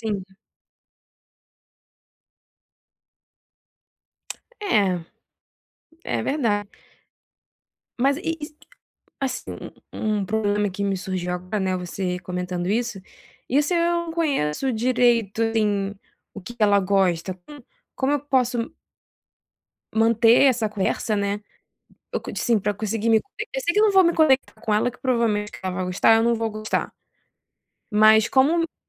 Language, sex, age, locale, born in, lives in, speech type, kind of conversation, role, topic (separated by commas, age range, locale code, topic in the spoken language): Portuguese, female, 25-29, pt-BR, Brazil, France, conversation, advice, user, Por que tenho dificuldade em manter conversas significativas com pessoas novas?